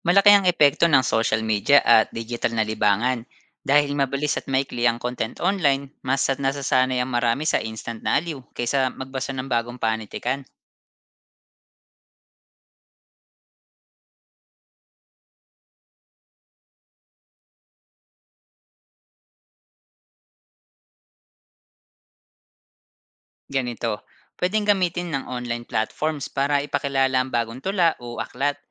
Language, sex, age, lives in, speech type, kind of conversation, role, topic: Filipino, male, 30-34, Philippines, read, expert-assistant, expert, Bakit kaya parang hindi masyadong tinatangkilik ng ilan ang mga bagong aklat o tula ngayon? Ano kayang puwedeng sanhi nito?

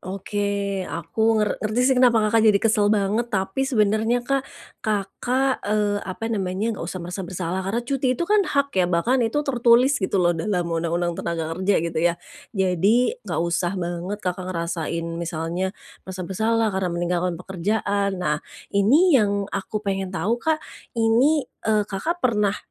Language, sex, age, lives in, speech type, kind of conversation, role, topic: Indonesian, female, 45-49, Indonesia, conversation, advice, advisor, Mengapa saya merasa bersalah saat meluangkan waktu untuk bersantai sendiri?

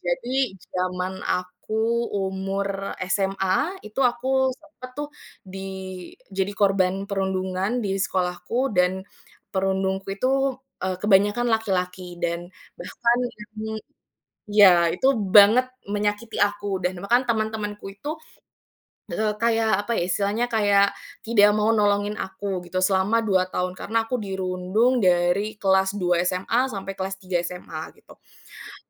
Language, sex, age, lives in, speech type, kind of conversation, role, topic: Indonesian, female, 25-29, Indonesia, conversation, podcast, guest, Bagaimana musik membantumu melewati masa sulit?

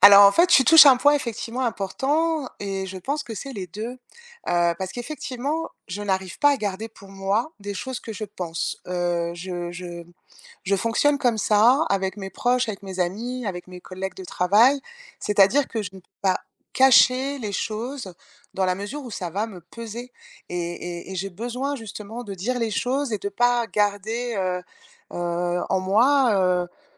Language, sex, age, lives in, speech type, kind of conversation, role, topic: French, female, 50-54, France, conversation, advice, user, Comment donner un retour honnête sans blesser la personne concernée ?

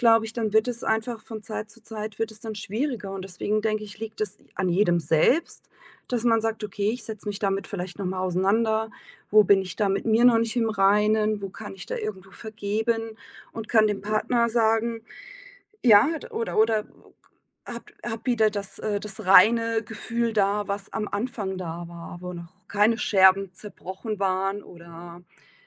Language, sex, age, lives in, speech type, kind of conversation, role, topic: German, female, 45-49, Germany, conversation, podcast, guest, Wie kann man Romantik im Alltag lebendig halten?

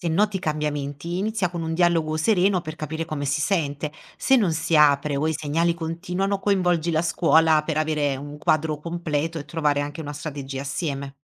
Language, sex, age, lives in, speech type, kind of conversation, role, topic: Italian, female, 30-34, Italy, read, expert-assistant, expert, Come posso capire se le nuove regole scolastiche aiutano davvero i ragazzi a imparare meglio?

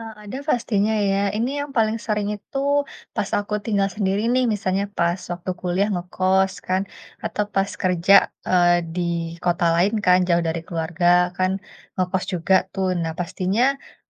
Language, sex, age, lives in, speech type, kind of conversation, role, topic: Indonesian, female, 30-34, Indonesia, conversation, advice, user, Mengapa sulit memulai pola makan sehat meski sudah tahu manfaatnya?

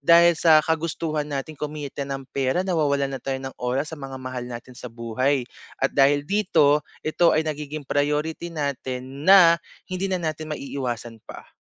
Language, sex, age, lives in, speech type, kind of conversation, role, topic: Filipino, male, 25-29, Philippines, conversation, advice, advisor, Nalulunod ka ba sa trabaho at sa personal na buhay, at paano ka epektibong makakapagpasa ng mga gawain o kumuha ng tutulong?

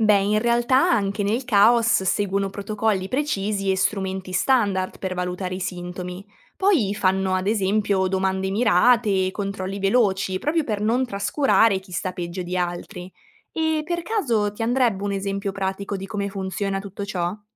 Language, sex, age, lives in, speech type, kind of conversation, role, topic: Italian, female, 20-24, Italy, read, expert-assistant, expert, C’è un’analogia efficace per spiegare come funziona il triage nei pronto soccorso rispetto a situazioni che si verificano nella vita di tutti i giorni?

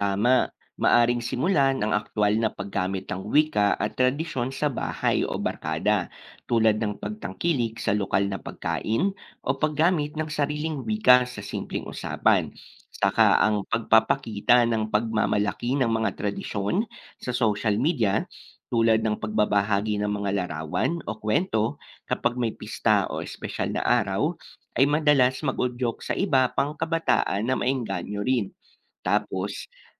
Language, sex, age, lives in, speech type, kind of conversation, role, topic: Filipino, male, 25-29, Philippines, read, expert-assistant, expert, Bakit mahalaga ang pag-aaral ng kasaysayan sa kabataan?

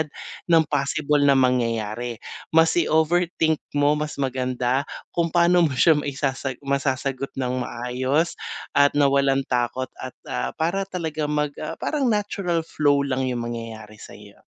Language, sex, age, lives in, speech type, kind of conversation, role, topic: Filipino, male, 45-49, Philippines, conversation, advice, advisor, Paano ko haharapin ang kahihiyan at kritisismo kapag nagbabahagi ako ng aking gawa?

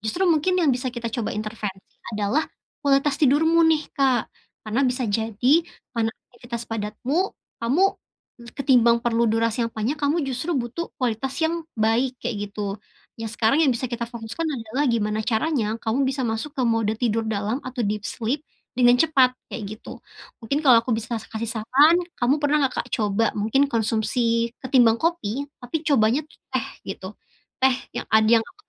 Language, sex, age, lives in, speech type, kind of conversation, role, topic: Indonesian, female, 25-29, Indonesia, conversation, advice, advisor, Bagaimana cara mengurangi rasa kantuk di pagi hari secara konsisten setiap hari?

